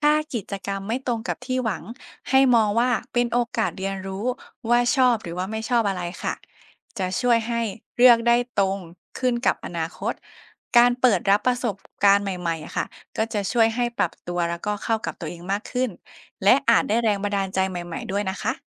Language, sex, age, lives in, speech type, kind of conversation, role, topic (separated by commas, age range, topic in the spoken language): Thai, female, 25-29, Thailand, read, expert-assistant, expert, ควรสร้างสมดุลระหว่างการท่องเที่ยวเพื่อพักผ่อนกับการใช้เวลาสำหรับเป้าหมายชีวิตส่วนตัวอย่างไรดี?